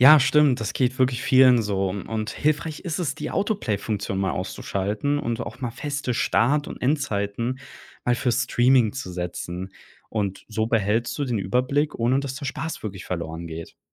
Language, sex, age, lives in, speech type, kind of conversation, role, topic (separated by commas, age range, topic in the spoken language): German, male, 25-29, Germany, read, expert-assistant, expert, Wie gelingt es, bewusster mit meiner Zeit beim Streaming umzugehen, ohne auf Spaß zu verzichten?